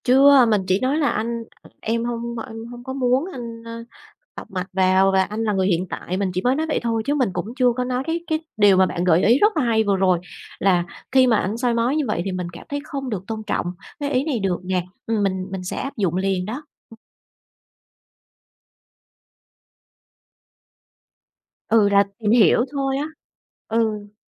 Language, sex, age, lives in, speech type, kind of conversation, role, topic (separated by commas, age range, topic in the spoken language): Vietnamese, female, 40-44, Vietnam, conversation, advice, user, Vì sao tôi lại cảm thấy nghi ngờ và ghen tuông mà không rõ lý do?